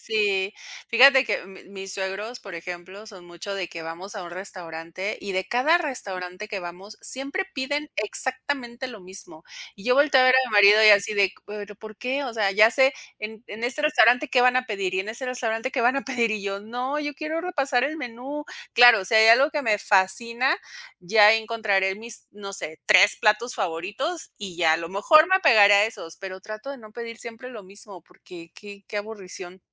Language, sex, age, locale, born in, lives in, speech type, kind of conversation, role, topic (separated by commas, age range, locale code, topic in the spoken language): Spanish, female, 50-54, es-MX, Mexico, Mexico, conversation, unstructured, none, ¿Cuál es tu comida favorita y por qué te gusta tanto?